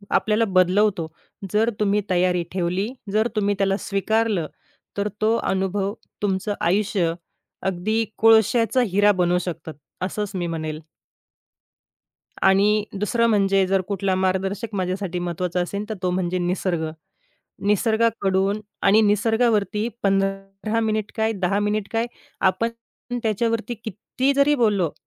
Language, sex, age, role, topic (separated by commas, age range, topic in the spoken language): Marathi, female, 30-34, guest, वेगवेगळ्या मार्गदर्शकांकडून तुम्ही कसे शिकता?